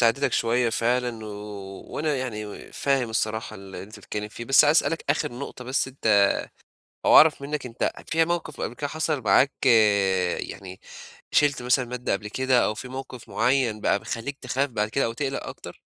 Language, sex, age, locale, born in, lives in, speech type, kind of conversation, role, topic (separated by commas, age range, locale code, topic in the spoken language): Arabic, male, 20-24, ar-EG, Egypt, Egypt, conversation, advice, advisor, إزاي أقدر أفضل هادي لما بحس بقلق شديد؟